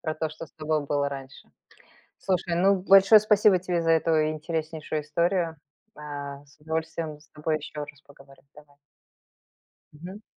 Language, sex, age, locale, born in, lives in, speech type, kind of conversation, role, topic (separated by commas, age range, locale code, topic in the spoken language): Russian, female, 45-49, ru-RU, Belarus, United States, conversation, podcast, host, Какая песня ассоциируется у тебя с первой любовью?